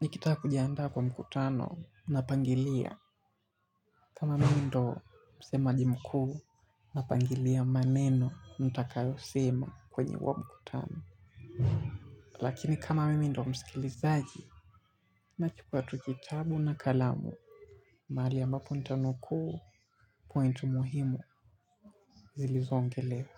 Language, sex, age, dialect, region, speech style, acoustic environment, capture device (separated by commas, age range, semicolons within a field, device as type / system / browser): Swahili, male, 18-24, Kenya - Mombasa, Kenya, relaxed, people talking; music, mobile / Linux / Chrome